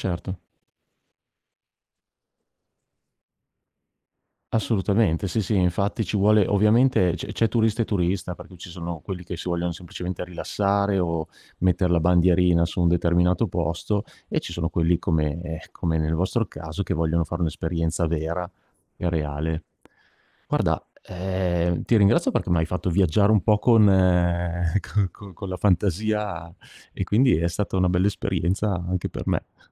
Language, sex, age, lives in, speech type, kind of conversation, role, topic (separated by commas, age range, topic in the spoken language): Italian, male, 50-54, Italy, conversation, podcast, host, Com’è scoprire luoghi nascosti lontano dalle guide turistiche?